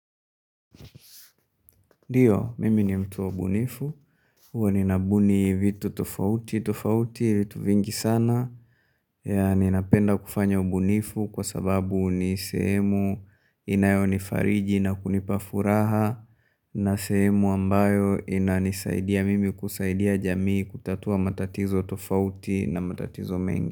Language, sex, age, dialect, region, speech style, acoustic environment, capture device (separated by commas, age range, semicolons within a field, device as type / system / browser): Swahili, male, 25-34, Tanzania - Dar es Salaam, Tanzania, tired, silence, mobile / Linux / Chrome